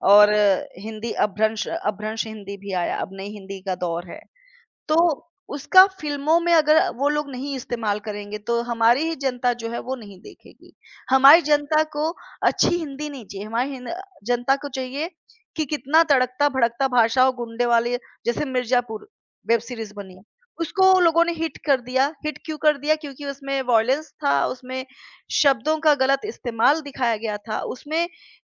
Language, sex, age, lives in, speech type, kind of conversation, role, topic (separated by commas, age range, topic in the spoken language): Hindi, female, 30-34, India, conversation, podcast, guest, आपकी भाषा ने आपकी पहचान को कैसे आकार दिया है?